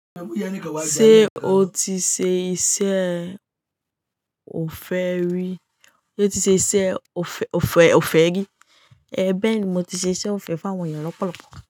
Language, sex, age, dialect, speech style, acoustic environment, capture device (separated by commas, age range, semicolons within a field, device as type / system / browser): Yoruba, female, 18-24, Nigeria - Lagos Yoruba, happy; stressed, nature, mobile / Linux / Chrome